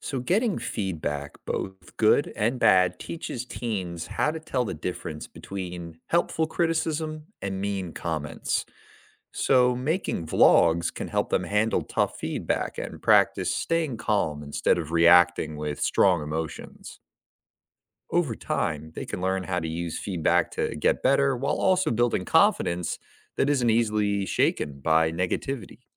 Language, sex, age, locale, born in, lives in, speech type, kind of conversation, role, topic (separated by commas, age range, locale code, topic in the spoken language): English, male, 25-29, en-US, United States, United States, read, expert-assistant, expert, How do video blogs affect teen communication skills?